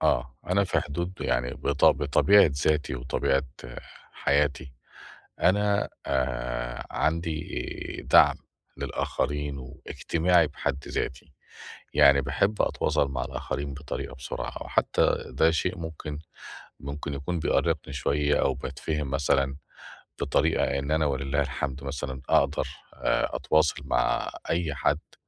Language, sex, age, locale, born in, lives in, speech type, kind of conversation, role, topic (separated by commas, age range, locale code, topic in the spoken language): Arabic, male, 45-49, ar-EG, Egypt, Portugal, conversation, advice, user, إزاي أقدر أقول "لا" من غير ما أحس بالذنب، وأحط حدود واضحة لوقتي مع الناس؟